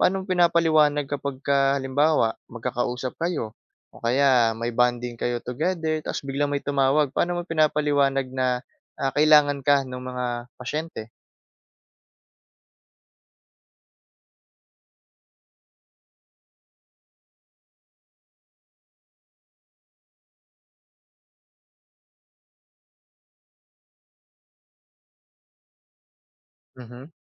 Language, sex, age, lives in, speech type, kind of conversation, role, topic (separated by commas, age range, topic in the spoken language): Filipino, male, 20-24, Philippines, conversation, podcast, host, Paano ka nagtatakda ng hangganan sa trabaho at sa bahay?